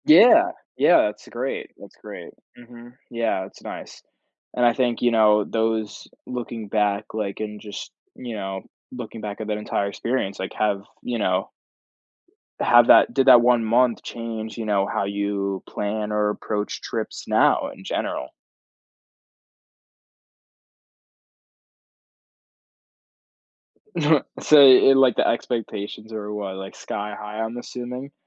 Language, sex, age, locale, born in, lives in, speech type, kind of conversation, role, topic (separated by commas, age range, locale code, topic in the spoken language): English, male, 18-19, en-US, United States, United States, conversation, podcast, host, How do unexpected travel challenges shape your experiences and perspective?